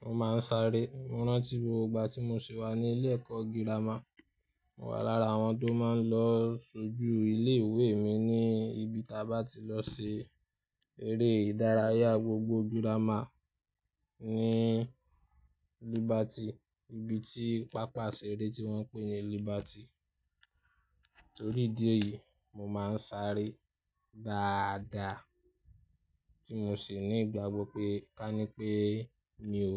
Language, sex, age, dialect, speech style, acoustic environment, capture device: Yoruba, male, 25-34, Nigeria - Ibadan, happy; nervous; anxious; excited, people talking; nature; music; traffic; construction, mobile / Linux / Chrome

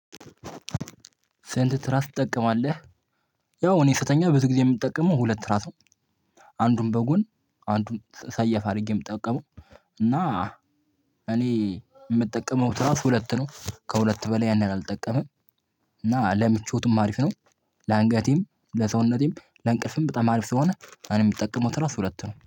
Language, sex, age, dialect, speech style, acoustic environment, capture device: Amharic, male, 18-24, Ethiopia - Addis Ababa, excited, silence, mobile / Linux / Chrome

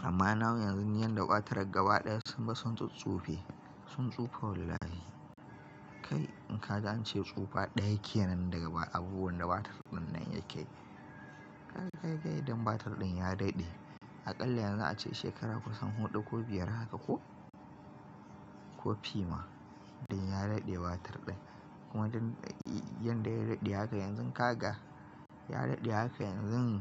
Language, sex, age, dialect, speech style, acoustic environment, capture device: Hausa, male, 25-34, Nigeria - Kano, happy; nervous; excited; tired, nature; construction; appliances; people talking; traffic, mobile / Linux / Chrome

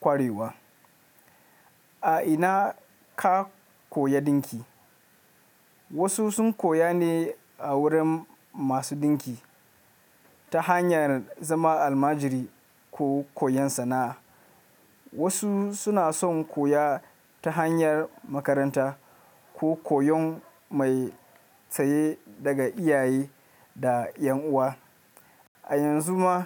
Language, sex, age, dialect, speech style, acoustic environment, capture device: Hausa, male, 25-34, Nigeria - Kano, relaxed, nature, mobile / Linux / Chrome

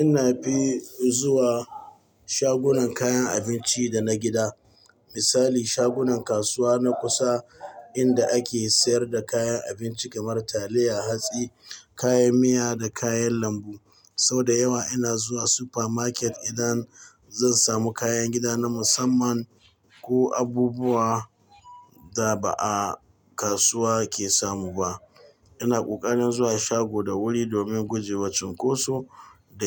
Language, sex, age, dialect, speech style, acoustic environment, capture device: Hausa, male, 45-54, Nigeria - Katsina, excited; focused, people talking; nature, mobile / Linux / Chrome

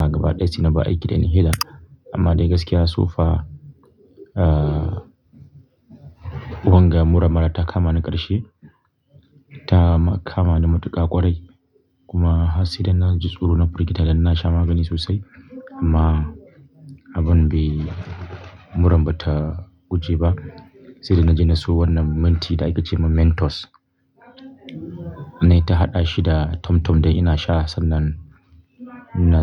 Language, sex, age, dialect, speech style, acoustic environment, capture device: Hausa, male, 25-34, Nigeria - Kano, stressed; relaxed, people talking; nature; silence, mobile / Linux / Chrome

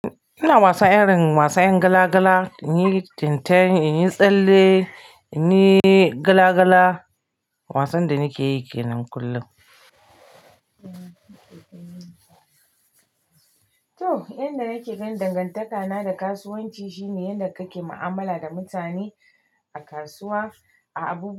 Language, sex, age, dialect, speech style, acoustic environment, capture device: Hausa, female, 45-54, Nigeria - Kano, happy; relaxed; excited; focused, nature, mobile / Linux / Chrome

